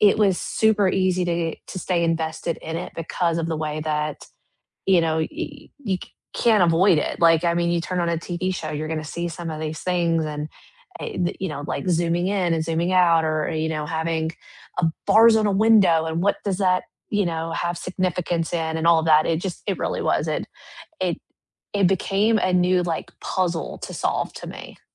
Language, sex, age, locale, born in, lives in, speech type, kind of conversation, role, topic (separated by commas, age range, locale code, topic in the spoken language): English, female, 35-39, en-US, United States, United States, conversation, unstructured, none, What online courses would you recommend, and what sets them apart?